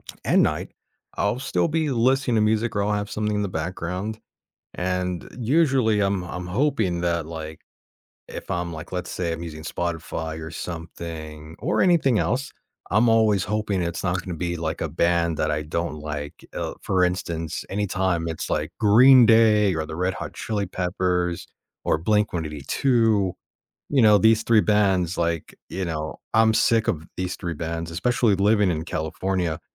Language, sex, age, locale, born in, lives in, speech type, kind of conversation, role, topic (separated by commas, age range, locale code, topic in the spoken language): English, male, 35-39, en-US, United States, United States, conversation, podcast, guest, How does music shape your everyday experiences and routines?